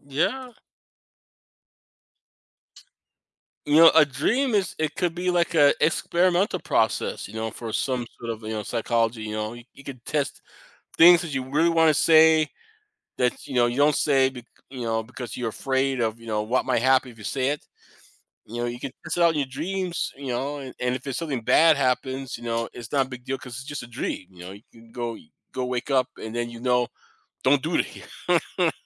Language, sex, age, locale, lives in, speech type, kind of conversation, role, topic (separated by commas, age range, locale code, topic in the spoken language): English, male, 50-54, en-US, United States, conversation, unstructured, none, Would you rather remember every dream you’ve ever had, or be able to control what you dream about each night?